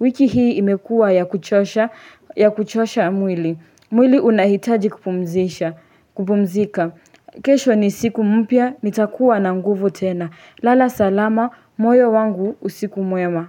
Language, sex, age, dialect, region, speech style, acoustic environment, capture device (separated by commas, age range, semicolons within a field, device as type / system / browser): Swahili, female, 18-24, Kenya - Nairobi Sheng-influenced, Kenya, happy; relaxed, silence, desktop / Linux / Chrome